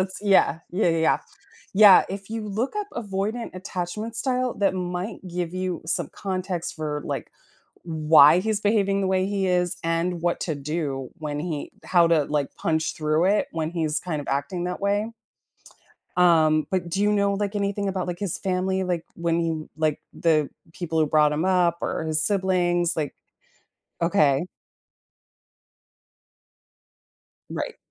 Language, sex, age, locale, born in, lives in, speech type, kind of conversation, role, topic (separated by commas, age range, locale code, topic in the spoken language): English, female, 45-49, en-US, United States, United States, conversation, advice, advisor, How should I handle unexpectedly running into my ex?